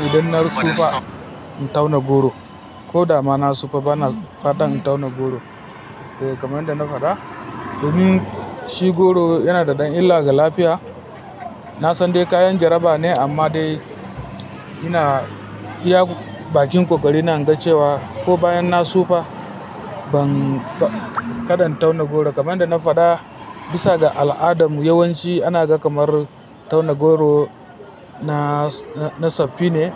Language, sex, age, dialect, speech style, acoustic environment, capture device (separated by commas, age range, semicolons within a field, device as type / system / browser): Hausa, female, 25-34, Nigeria - Kano, happy; relaxed, people talking; traffic, mobile / Linux / Chrome